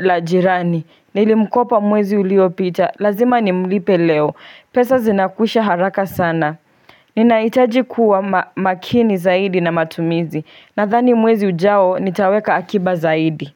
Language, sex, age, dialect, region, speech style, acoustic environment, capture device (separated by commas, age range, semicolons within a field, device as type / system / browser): Swahili, female, 18-24, Kenya - Nairobi Sheng-influenced, Kenya, happy, silence, desktop / Linux / Chrome